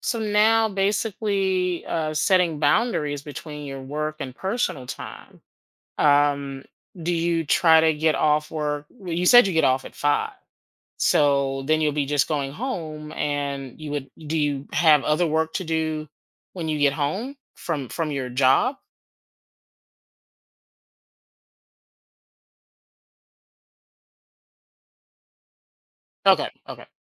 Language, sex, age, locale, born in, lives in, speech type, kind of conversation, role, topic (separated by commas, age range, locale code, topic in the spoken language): English, female, 50-54, en-US, United States, United States, conversation, advice, advisor, How can I better balance work and personal life without feeling overwhelmed?